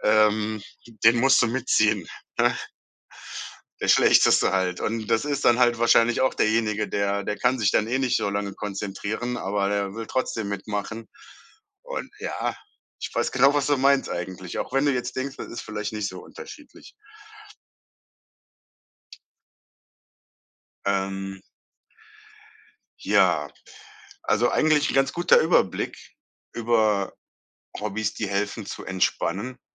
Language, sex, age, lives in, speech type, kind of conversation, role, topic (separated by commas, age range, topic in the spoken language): German, male, 35-39, Germany, conversation, podcast, host, Welches Hobby hilft dir am besten, um zu entspannen?